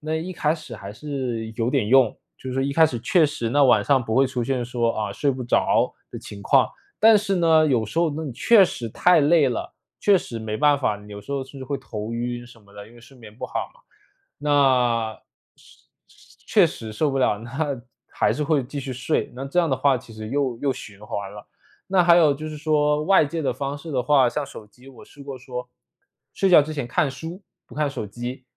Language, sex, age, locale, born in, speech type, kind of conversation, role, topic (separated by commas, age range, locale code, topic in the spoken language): Chinese, male, 25-29, zh-CN, China, conversation, advice, user, 如何才能打破旧习惯的循环并真正改掉它？